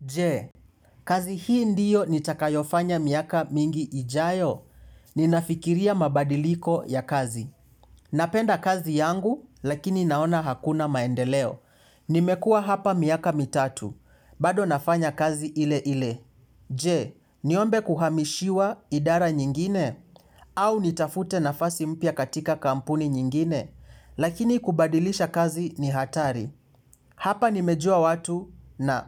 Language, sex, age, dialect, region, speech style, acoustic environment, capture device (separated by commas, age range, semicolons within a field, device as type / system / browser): Swahili, male, 18-24, Kenya - Mombasa, Kenya, anxious; focused, silence, mobile / Linux / Chrome